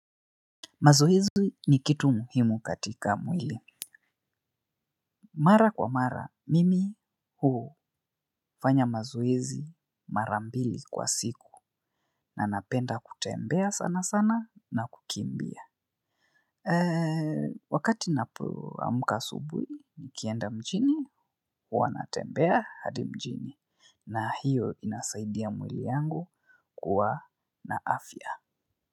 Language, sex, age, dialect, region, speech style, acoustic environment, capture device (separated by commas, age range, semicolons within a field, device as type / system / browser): Swahili, male, 25-34, Kenya - Nairobi Sheng-influenced, Kenya, focused; relaxed; excited, silence, mobile / Linux / Chrome